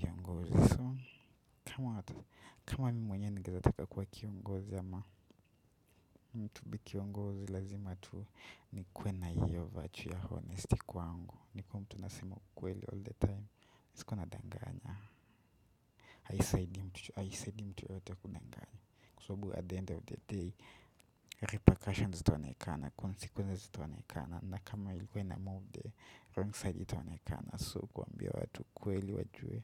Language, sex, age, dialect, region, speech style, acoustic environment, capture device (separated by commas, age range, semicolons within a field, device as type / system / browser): Swahili, male, 18-24, Kenya - Nairobi Sheng-influenced, Kenya, relaxed; focused, nature; silence, desktop / Windows / Chrome